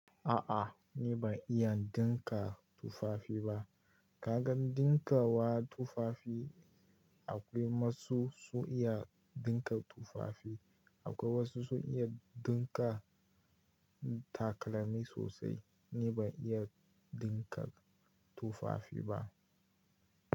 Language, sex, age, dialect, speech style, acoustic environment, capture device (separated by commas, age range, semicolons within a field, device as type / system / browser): Hausa, male, 18-24, Nigeria - Kano, relaxed; happy, nature, mobile / Linux / Chrome